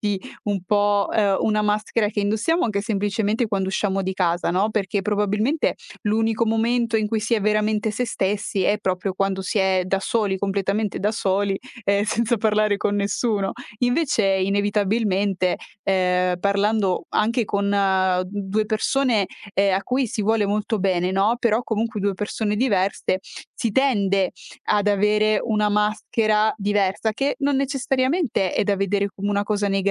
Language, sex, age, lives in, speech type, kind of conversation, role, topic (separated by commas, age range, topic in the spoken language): Italian, female, 25-29, Italy, conversation, podcast, host, Hai mai cambiato il tuo modo di parlare per adattarti agli altri?